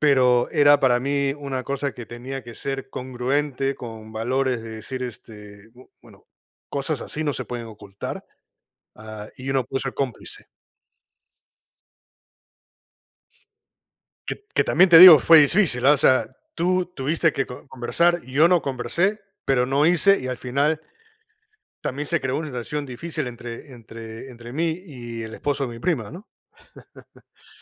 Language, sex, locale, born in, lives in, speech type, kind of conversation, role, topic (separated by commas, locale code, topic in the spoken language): Spanish, male, es-PE, United States, United States, conversation, unstructured, none, ¿Se puede justificar una acción mala si ayuda a alguien cercano?